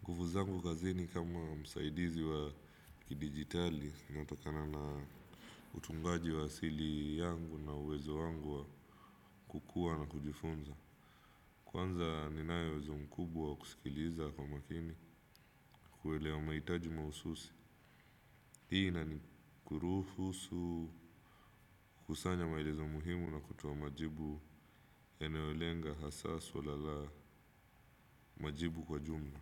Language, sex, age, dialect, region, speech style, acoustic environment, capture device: Swahili, male, 25-34, Kenya - Mombasa, Kenya, focused; anxious; relaxed; excited, silence; appliances, desktop / Windows / Chrome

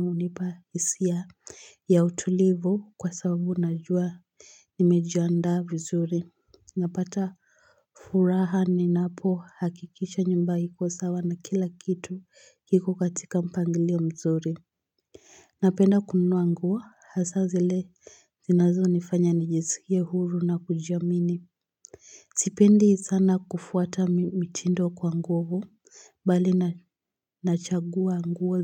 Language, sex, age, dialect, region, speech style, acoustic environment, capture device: Swahili, female, 18-24, Kenya - Nairobi Sheng-influenced, Kenya, excited; focused; relaxed, silence, mobile / Linux / Chrome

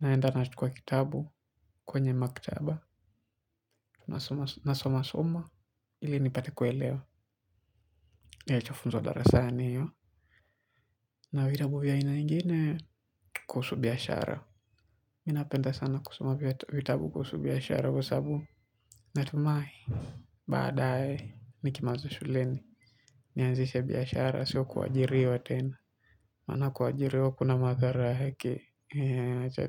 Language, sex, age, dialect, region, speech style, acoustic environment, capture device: Swahili, male, 18-24, Kenya - Mombasa, Kenya, relaxed, silence, mobile / Linux / Chrome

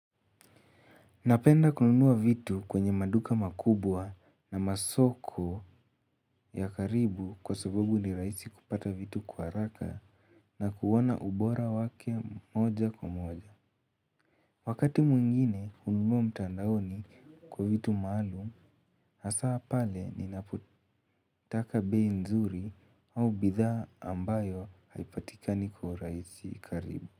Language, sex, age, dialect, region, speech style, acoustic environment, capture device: Swahili, male, 18-24, Kenya - Nairobi Sheng-influenced, Kenya, excited, silence, mobile / Linux / Chrome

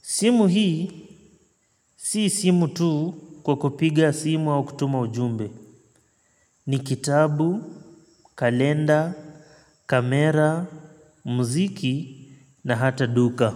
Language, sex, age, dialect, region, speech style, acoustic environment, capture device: Swahili, male, 25-34, Kenya - Mombasa, Kenya, focused; relaxed, nature; appliances, mobile / Linux / Chrome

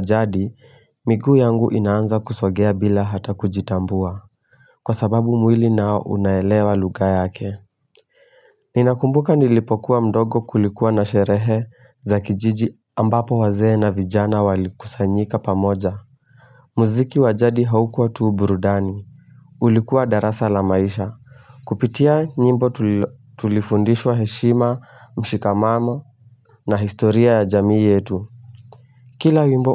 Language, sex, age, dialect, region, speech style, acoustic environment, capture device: Swahili, male, 18-24, Kenya - Mombasa, Kenya, focused, silence, mobile / Linux / Chrome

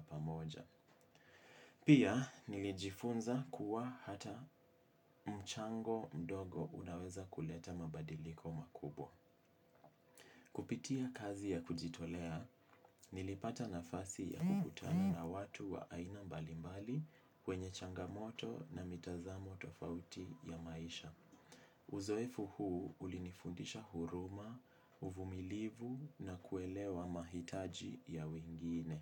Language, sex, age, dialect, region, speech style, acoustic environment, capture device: Swahili, male, 18-24, Kenya - Mombasa, Kenya, relaxed, silence, mobile / Linux / Chrome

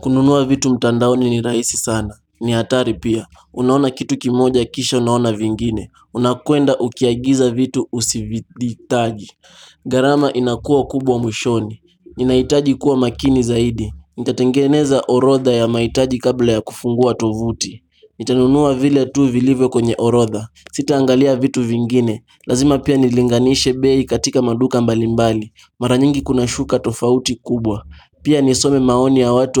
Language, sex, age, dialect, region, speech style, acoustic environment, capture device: Swahili, male, 18-24, Kenya - Mombasa, Kenya, happy; anxious, people talking; music; nature, mobile / Linux / Chrome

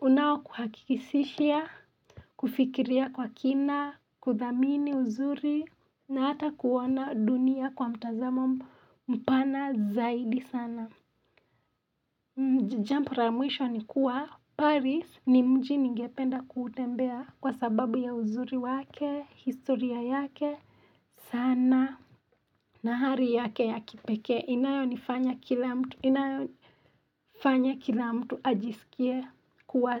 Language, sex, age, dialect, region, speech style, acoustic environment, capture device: Swahili, female, 25-34, Kenya - Nairobi Sheng-influenced, Kenya, relaxed; excited; focused; happy, nature; silence; appliances, mobile / Linux / Chrome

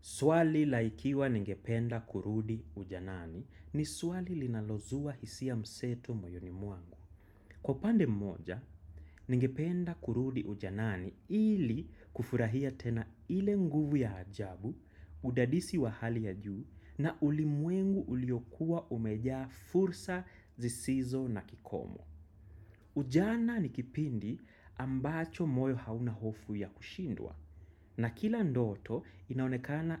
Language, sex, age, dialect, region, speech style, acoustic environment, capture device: Swahili, male, 25-34, Kenya - Nairobi Sheng-influenced, Kenya, happy; anxious; excited; focused, silence; music, desktop / macOS / Chrome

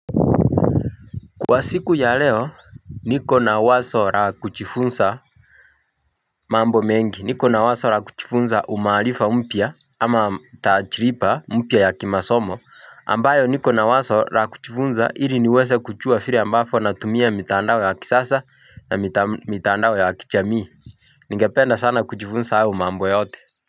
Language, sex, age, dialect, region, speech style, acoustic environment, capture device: Swahili, male, 25-34, Kenya - Mombasa, Kenya, relaxed, nature, mobile / Linux / Chrome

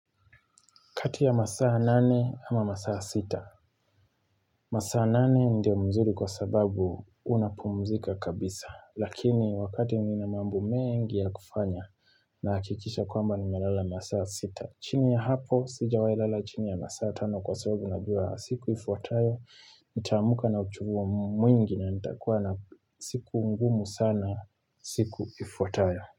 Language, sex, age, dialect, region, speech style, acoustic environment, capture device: Swahili, male, 25-34, Kenya - Nairobi Sheng-influenced, Kenya, happy, nature, mobile / Linux / Chrome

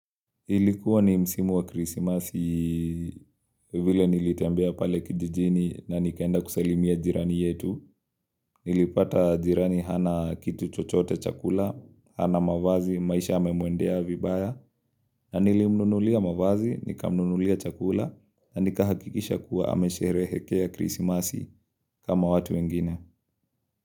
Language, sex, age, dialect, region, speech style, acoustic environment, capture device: Swahili, male, 25-34, Kenya - Nairobi Sheng-influenced, Kenya, relaxed; happy; excited; focused, nature; silence; appliances, mobile / Linux / Chrome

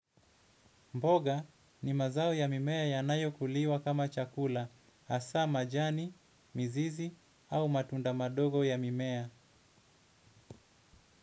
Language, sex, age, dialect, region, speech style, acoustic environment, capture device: Swahili, male, 25-34, Kenya - Mombasa, Kenya, anxious; relaxed; excited, silence, desktop / Windows / Edge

